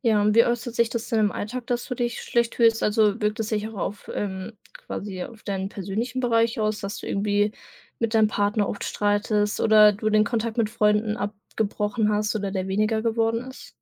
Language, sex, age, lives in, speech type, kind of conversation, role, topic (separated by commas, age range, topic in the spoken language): German, female, 18-19, Germany, conversation, advice, advisor, Wie kann ich mit Schuldgefühlen gegenüber Kolleginnen und Kollegen sowie meiner Familie umgehen, weil ich mich nur langsam erhole?